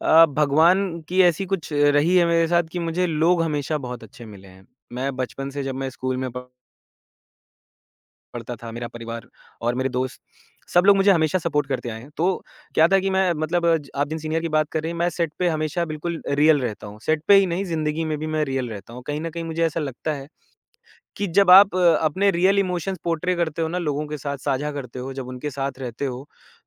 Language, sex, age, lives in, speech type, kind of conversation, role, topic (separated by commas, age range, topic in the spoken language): Hindi, male, 25-29, India, conversation, podcast, guest, Aap apna rachnatmak kaam logon ke saath share karne ke liye kya salaah doge?